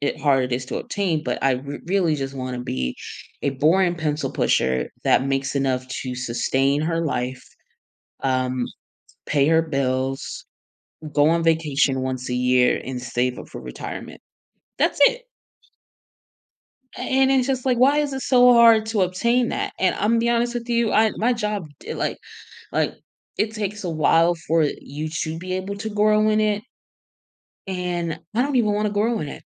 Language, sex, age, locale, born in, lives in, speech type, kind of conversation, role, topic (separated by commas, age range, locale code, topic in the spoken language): English, female, 25-29, en-US, United States, United States, conversation, advice, user, How can I find growth and new opportunities when I'm stuck in my job?